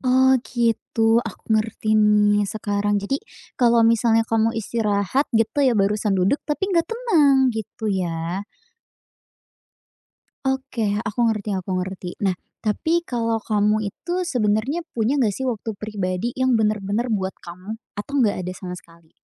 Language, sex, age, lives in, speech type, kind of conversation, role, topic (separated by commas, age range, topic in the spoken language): Indonesian, female, 20-24, Indonesia, conversation, advice, advisor, Bagaimana cara menyeimbangkan kerja, istirahat, dan waktu pribadi?